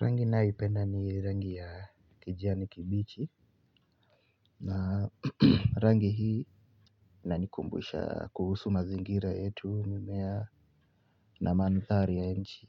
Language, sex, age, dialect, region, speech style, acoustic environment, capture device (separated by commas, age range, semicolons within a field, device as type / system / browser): Swahili, male, 18-24, Kenya - Nairobi Sheng-influenced, Kenya, relaxed, silence, mobile / Linux / Chrome